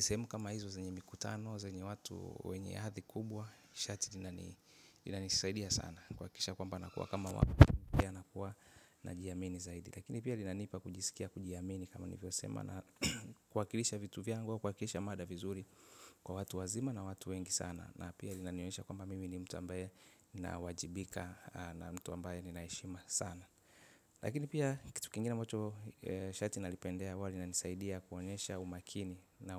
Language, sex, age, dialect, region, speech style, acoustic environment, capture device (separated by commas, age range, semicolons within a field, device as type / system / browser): Swahili, male, 25-34, Tanzania - Dar es Salaam, Tanzania, relaxed, silence, mobile / Linux / Chrome